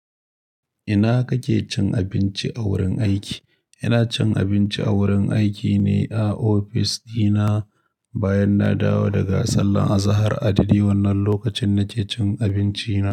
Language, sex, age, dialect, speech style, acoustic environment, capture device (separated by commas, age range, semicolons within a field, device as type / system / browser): Hausa, male, 25-34, Nigeria - Nigerian Standard, happy, silence, mobile / Linux / Chrome